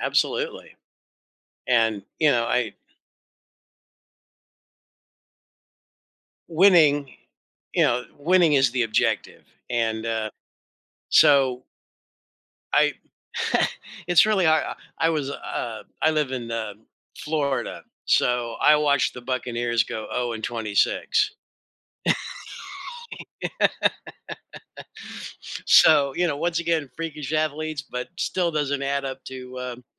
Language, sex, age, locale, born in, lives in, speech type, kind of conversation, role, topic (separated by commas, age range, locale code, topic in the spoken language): English, male, 60-64, en-US, United States, United States, conversation, podcast, host, What valuable skills or lessons have you gained from participating in sports?